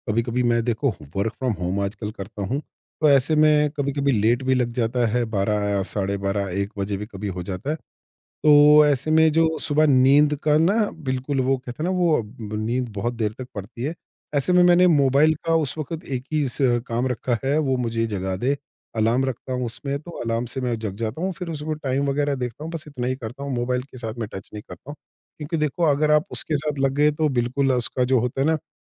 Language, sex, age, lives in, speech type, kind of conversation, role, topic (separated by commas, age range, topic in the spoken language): Hindi, male, 50-54, India, conversation, podcast, guest, Subah uthte hi tum sabse pehle kya karte ho?